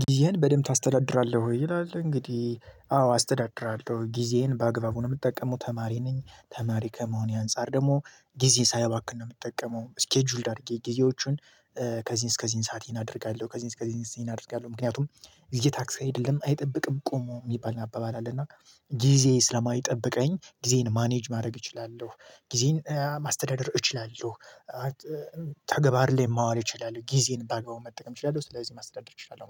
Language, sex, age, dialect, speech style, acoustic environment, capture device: Amharic, male, 25-34, Ethiopia - Gojjam, focused, silence, mobile / Linux / Chrome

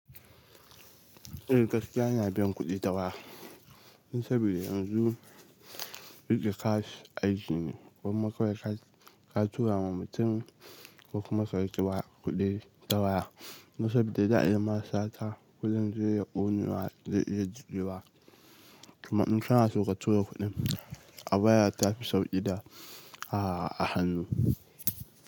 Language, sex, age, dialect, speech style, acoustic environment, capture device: Hausa, male, 18-24, Nigeria - Nigerian Standard, happy; relaxed, nature, mobile / Linux / Chrome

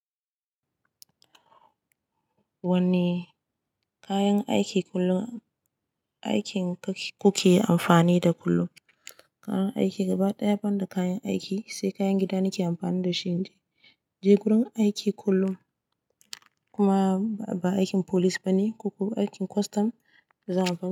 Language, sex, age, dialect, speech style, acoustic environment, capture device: Hausa, female, 18-24, Nigeria - Katsina, happy, silence, desktop / Linux / Chrome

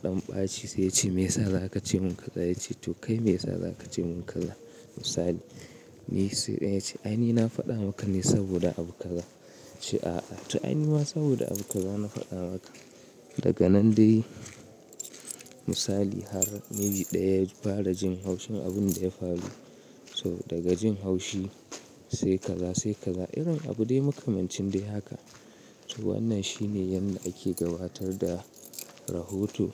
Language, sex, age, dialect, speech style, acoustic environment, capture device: Hausa, male, 25-34, Nigeria - Kano, anxious; nervous, nature, mobile / Linux / Chrome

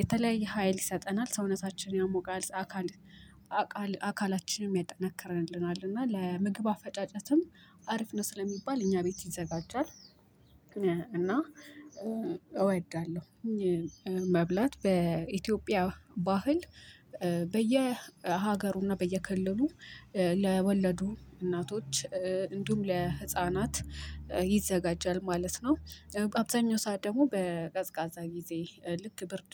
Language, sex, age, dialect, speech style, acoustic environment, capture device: Amharic, female, 18-24, Ethiopia - Addis Ababa, happy, people talking, mobile / Linux / Chrome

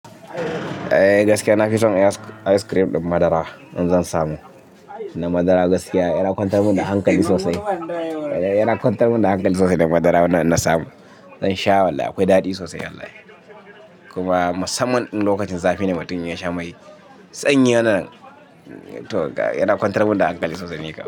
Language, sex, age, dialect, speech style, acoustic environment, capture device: Hausa, male, 25-34, Nigeria - Kano, happy, nature, mobile / Linux / Chrome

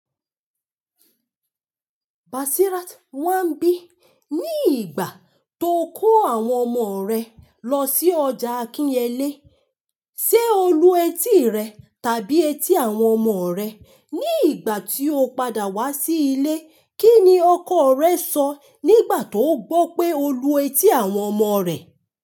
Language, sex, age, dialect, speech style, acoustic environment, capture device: Yoruba, female, 25-34, Nigeria - Lagos Yoruba, focused; relaxed, silence, mobile / Linux / Chrome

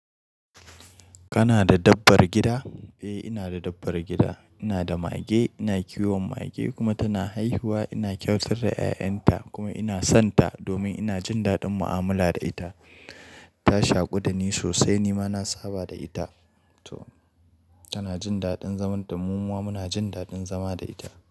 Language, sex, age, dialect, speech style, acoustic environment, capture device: Hausa, male, 25-34, Nigeria - Nigerian Standard, happy; excited; focused, silence, mobile / Linux / Chrome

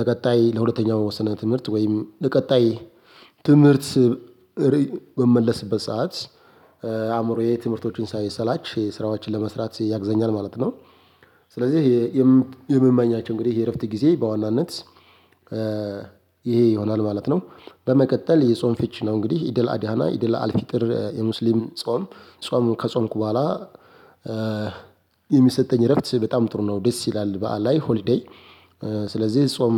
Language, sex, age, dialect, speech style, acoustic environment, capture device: Amharic, male, 25-34, Ethiopia - Addis Ababa, happy; excited, silence, mobile / Linux / Chrome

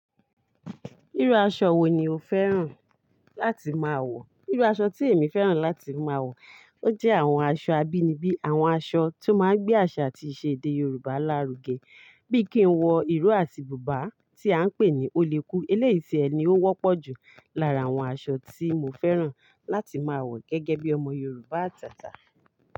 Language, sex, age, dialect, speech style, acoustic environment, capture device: Yoruba, female, 35-44, Nigeria - Ibadan, focused, nature, mobile / Linux / Chrome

